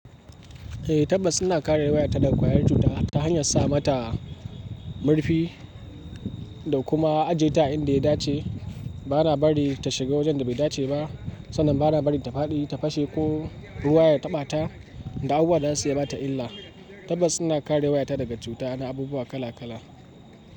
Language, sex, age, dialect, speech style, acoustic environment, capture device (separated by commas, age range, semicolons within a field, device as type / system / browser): Hausa, male, 18-24, Nigeria - Kano, happy; excited, nature, mobile / Linux / Chrome